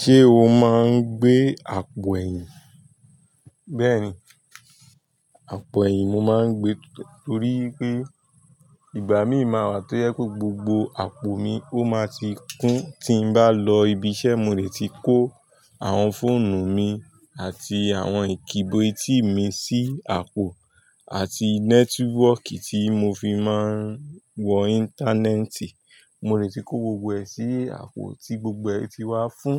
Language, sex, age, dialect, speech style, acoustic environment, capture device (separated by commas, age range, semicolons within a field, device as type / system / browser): Yoruba, male, 25-34, Nigeria - Nigerian Standard, tired, traffic; people talking; music; nature, mobile / Linux / Chrome